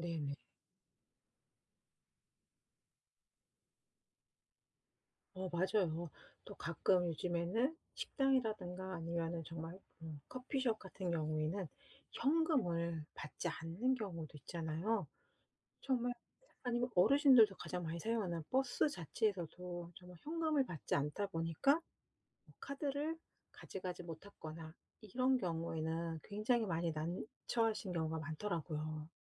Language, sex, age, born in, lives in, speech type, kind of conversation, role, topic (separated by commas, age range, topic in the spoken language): Korean, female, 50-54, South Korea, United States, conversation, podcast, host, 기술이 우리 삶에 가져다준 가장 큰 이점과 가장 큰 손실은 무엇이라고 느끼시나요?